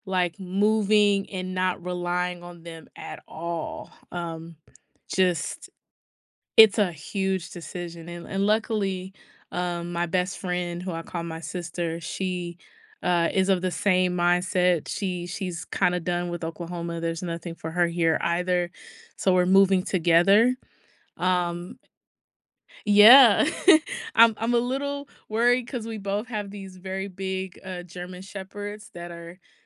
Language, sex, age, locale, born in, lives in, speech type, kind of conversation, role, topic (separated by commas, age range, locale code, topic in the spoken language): English, female, 30-34, en-US, United States, United States, conversation, advice, user, How can I make my upcoming move smooth and enjoyable while managing my nerves?